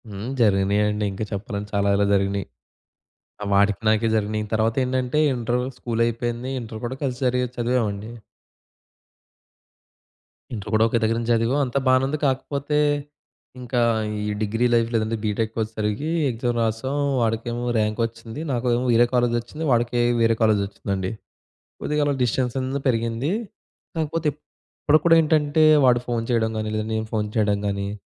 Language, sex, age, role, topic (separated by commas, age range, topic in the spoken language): Telugu, male, 20-24, guest, మీరు ఊహించని రీతిలో ఒక మిత్రుడిని కలిసిన ఆ కలయిక గురించి చెప్పగలరా?